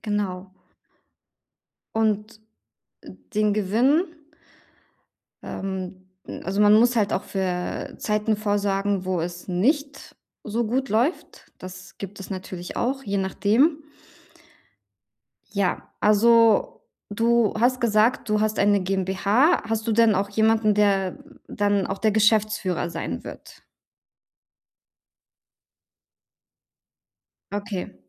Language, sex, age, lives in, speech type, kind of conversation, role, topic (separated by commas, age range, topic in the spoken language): German, female, 35-39, Germany, conversation, advice, advisor, Wie kann ich ein großes Ziel in klare, realistische Etappen aufteilen, um erfolgreich zu sein?